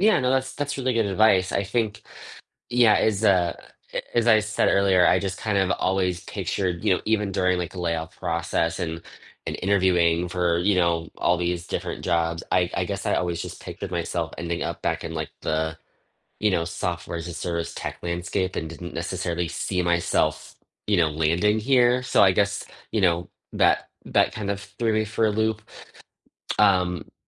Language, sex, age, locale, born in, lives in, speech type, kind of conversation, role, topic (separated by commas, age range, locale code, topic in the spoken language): English, male, 30-34, en-US, United States, United States, conversation, advice, user, How do I cope with losing my job and rebuild a sense of purpose?